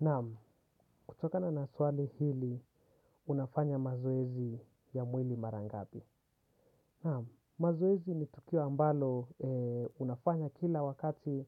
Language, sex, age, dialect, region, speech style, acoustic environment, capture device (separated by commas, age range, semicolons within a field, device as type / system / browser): Swahili, male, 18-24, Kenya - Nairobi Sheng-influenced, Kenya, focused; relaxed, silence, desktop / Windows / Chrome